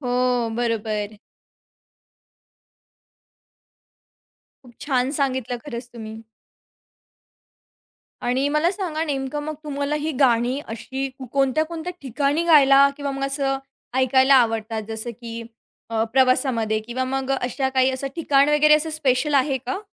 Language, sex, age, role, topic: Marathi, female, 20-24, host, तुमच्या तरुणाईतील गाणी आजही ऐकताना तुम्हाला कशी वाटतात?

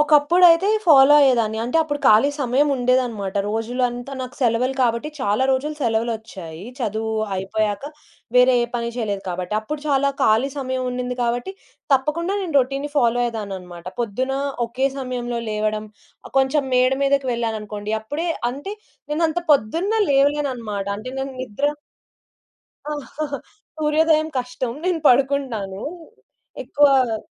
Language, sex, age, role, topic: Telugu, female, 20-24, guest, సృజనాత్మకత పెంచుకోవడానికి మీ రోజూ పాటించే అలవాట్లు ఏమిటి?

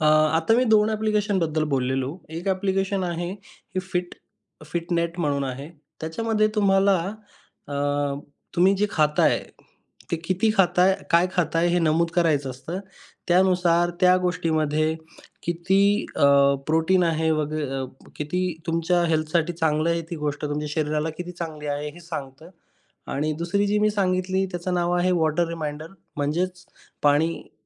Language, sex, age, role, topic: Marathi, male, 25-29, guest, दैनिक कामांचे नियोजन तुम्ही डिजिटल साधनांच्या मदतीने कसे करता?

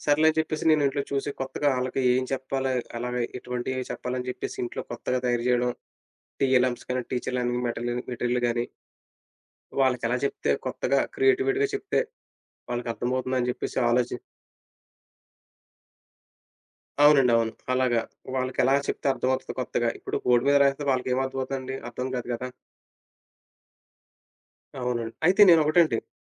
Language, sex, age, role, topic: Telugu, male, 30-34, guest, మీరు సృజనాత్మక వ్యక్తిగా ఎలా మారారు?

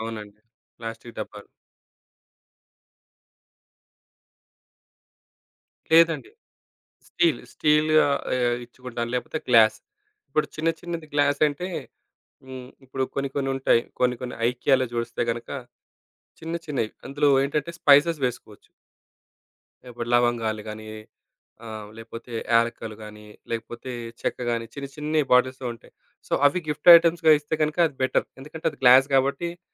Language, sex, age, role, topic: Telugu, male, 35-39, guest, ప్లాస్టిక్ వినియోగాన్ని తగ్గించడానికి సులభమైన మార్గాలు ఏమేమి?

